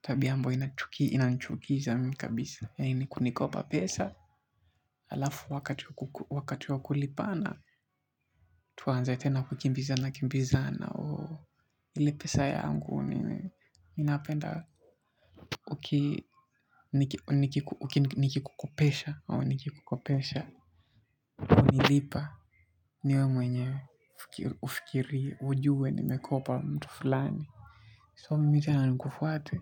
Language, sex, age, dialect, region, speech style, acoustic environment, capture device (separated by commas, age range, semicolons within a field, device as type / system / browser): Swahili, male, 18-24, Kenya - Mombasa, Kenya, relaxed, people talking; music, mobile / Linux / Chrome